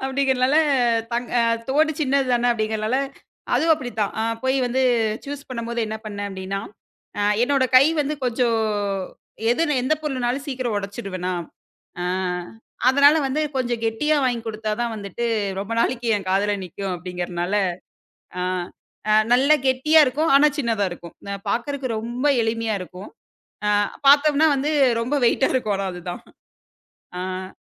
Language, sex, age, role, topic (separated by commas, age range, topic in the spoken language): Tamil, female, 25-29, guest, உங்களைப் பார்த்தவுடனே மக்களுக்கு நினைவுக்கு வரும் உங்கள் தனிச்சிறப்பு அணிகலம் எது?